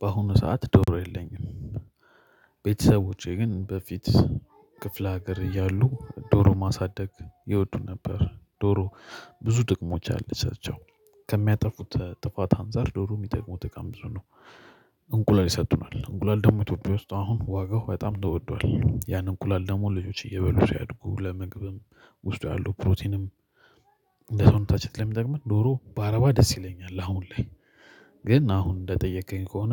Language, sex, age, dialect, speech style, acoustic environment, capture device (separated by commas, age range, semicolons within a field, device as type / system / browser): Amharic, male, 25-34, Ethiopia - Addis Ababa, happy, silence, mobile / Linux / Chrome